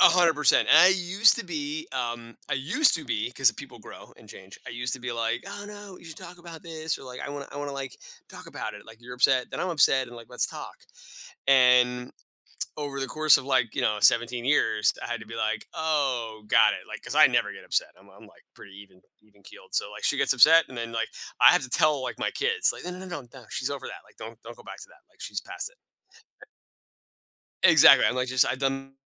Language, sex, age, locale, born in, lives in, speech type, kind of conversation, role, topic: English, male, 40-44, en-US, United States, United States, conversation, podcast, host, What helps you keep going when life gets challenging?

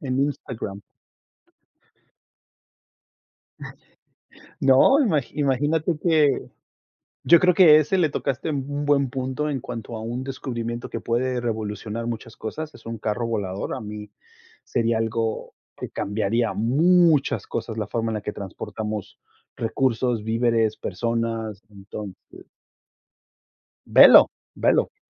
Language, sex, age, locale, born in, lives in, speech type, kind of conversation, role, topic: Spanish, male, 40-44, es-MX, Mexico, United States, conversation, unstructured, none, ¿Qué invento científico crees que ha tenido el mayor impacto?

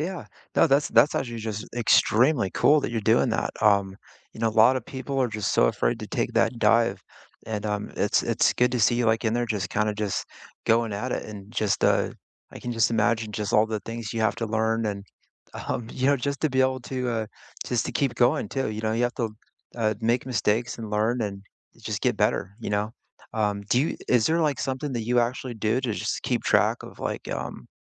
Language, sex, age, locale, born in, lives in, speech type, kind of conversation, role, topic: English, male, 40-44, en-US, United States, United States, conversation, unstructured, none, What hobby have you picked up recently, and how is it going?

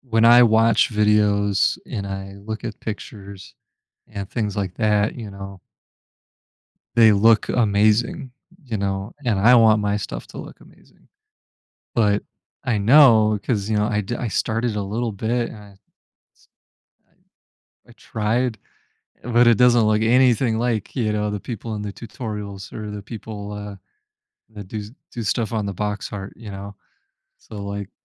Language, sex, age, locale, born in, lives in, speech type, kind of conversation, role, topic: English, male, 35-39, en-US, United States, United States, conversation, advice, user, How do I get started with a new hobby when I'm feeling unsure?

